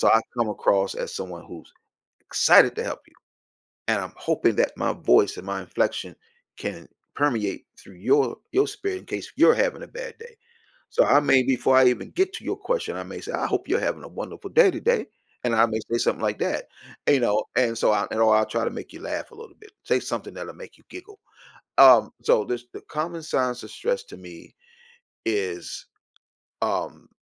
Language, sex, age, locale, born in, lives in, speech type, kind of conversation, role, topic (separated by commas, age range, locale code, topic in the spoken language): English, male, 55-59, en-US, United States, United States, conversation, podcast, guest, Why is it important to recognize the early signs of stress in ourselves and others?